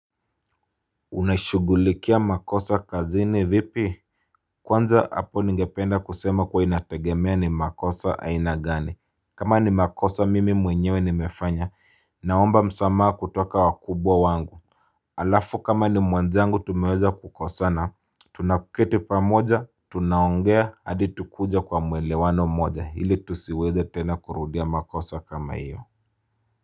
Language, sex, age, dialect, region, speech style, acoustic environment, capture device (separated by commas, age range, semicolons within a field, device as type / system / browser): Swahili, male, 25-34, Kenya - Mombasa, Kenya, relaxed, people talking; silence, mobile / Linux / Chrome